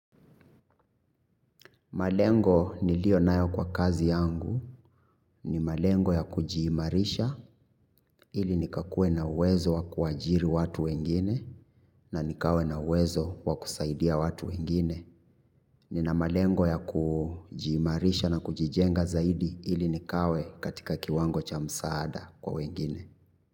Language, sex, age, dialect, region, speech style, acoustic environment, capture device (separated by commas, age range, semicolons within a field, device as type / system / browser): Swahili, male, 25-34, Kenya - Nairobi Sheng-influenced, Kenya, happy, silence, mobile / Linux / Chrome